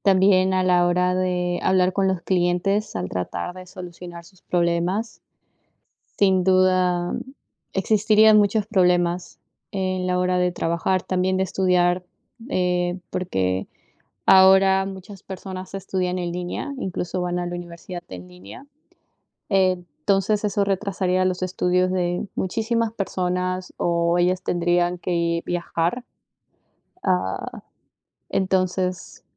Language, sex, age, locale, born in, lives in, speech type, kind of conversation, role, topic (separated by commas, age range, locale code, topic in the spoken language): Spanish, female, 20-24, es-PE, Peru, United States, conversation, unstructured, none, ¿Cómo te imaginas el mundo sin tecnología moderna?